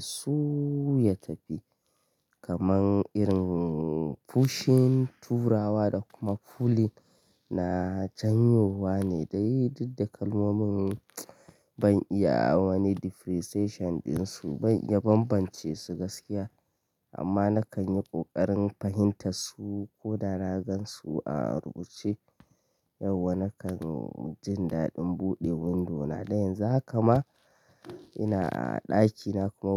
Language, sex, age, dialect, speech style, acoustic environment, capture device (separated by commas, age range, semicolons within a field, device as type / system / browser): Hausa, male, 25-34, Nigeria - Kano, happy, people talking, mobile / Linux / Chrome